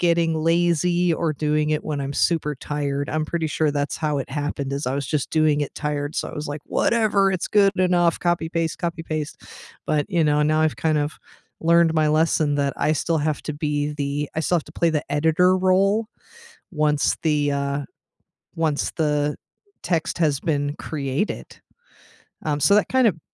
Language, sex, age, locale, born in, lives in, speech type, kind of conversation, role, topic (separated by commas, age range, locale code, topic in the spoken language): English, female, 40-44, en-US, United States, United States, conversation, unstructured, none, How are humans and AI shaping the future of your everyday work together?